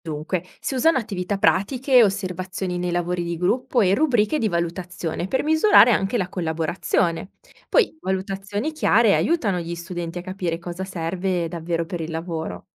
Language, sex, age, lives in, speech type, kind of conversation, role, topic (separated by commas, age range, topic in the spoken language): Italian, female, 30-34, Italy, read, expert-assistant, expert, Come si può aggiornare il programma scolastico per includere competenze pratiche utili nel lavoro di oggi?